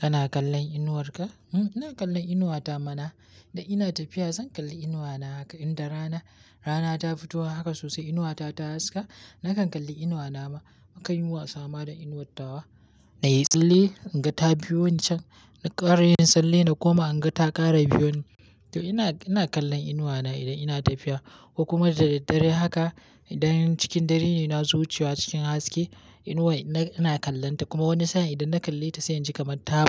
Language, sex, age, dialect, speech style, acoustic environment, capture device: Hausa, male, 18-24, Nigeria - Kano, happy, nature; silence, mobile / Linux / Chrome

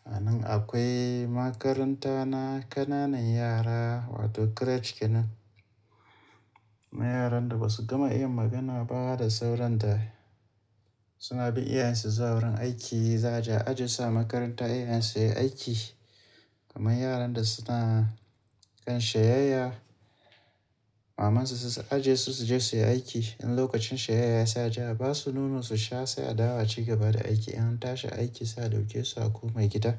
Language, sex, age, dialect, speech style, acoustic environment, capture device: Hausa, male, 35-44, Nigeria - Kano, tired, nature; appliances; music, mobile / Linux / Chrome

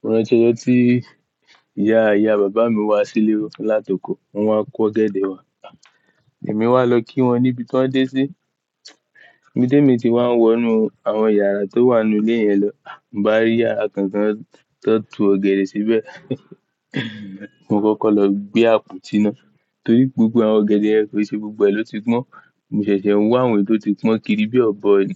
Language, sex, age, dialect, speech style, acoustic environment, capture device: Yoruba, male, 18-24, Nigeria - Ibadan, happy, nature, mobile / Linux / Chrome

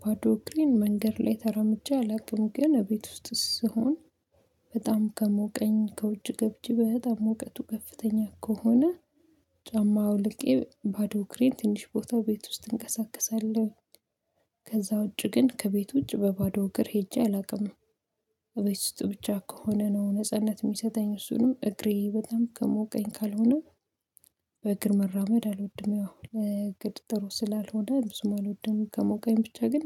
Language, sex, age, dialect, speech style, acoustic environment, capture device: Amharic, female, 25-34, Ethiopia - Addis Ababa, excited, silence, mobile / Linux / Chrome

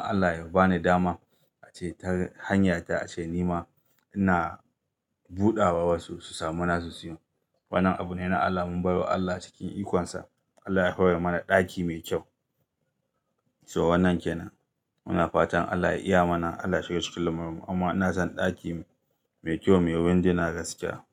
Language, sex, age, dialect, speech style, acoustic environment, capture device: Hausa, male, 35-44, Nigeria - Kano, happy, silence, mobile / Linux / Chrome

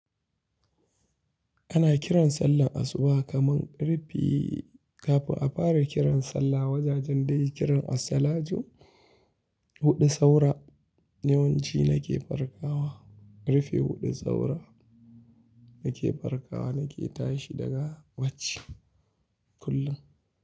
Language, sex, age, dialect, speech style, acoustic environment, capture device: Hausa, male, 25-34, Nigeria - Kano, happy; anxious; tired; relaxed; focused; excited; nervous; stressed, people talking; music; nature; silence; traffic; construction; appliances, mobile / Linux / Chrome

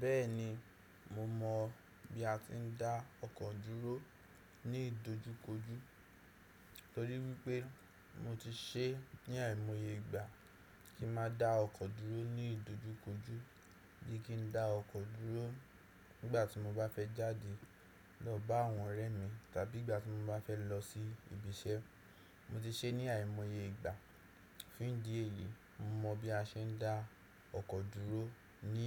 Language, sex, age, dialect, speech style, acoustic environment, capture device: Yoruba, male, 18-24, Nigeria - Nigerian Standard, happy; tired, silence, desktop / Windows / Chrome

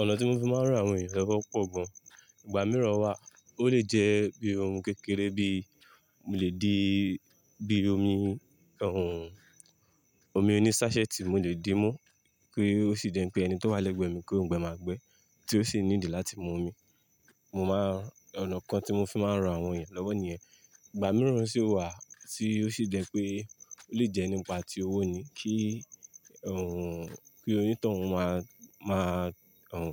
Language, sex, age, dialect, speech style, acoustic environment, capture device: Yoruba, male, 25-34, Nigeria - Lagos Yoruba, relaxed, silence, mobile / Linux / Chrome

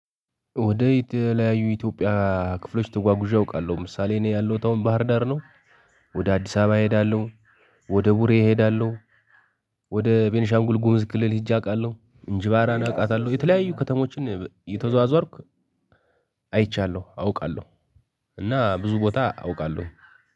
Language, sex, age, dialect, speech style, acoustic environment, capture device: Amharic, male, 18-24, Ethiopia - Gojjam, happy, people talking, mobile / Linux / Chrome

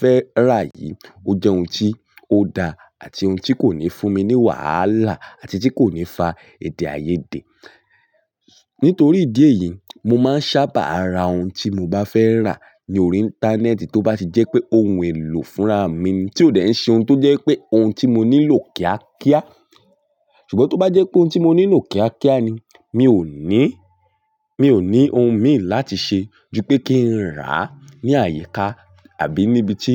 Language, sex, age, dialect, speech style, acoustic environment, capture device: Yoruba, male, 18-24, Nigeria - Ibadan, focused; relaxed, silence, mobile / Linux / Chrome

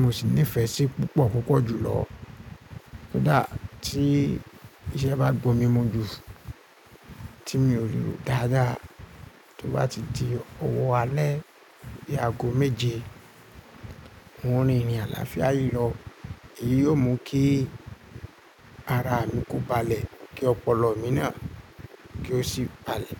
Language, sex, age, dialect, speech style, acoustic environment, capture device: Yoruba, male, 25-34, Nigeria - Ibadan, focused, appliances, mobile / Linux / Chrome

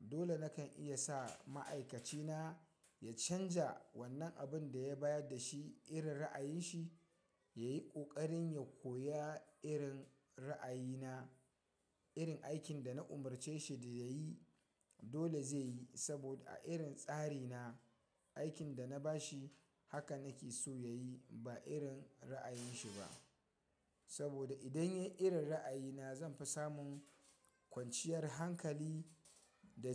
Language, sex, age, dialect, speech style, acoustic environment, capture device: Hausa, male, 25-34, Nigeria - Kano, excited; happy, people talking; nature, mobile / Linux / Chrome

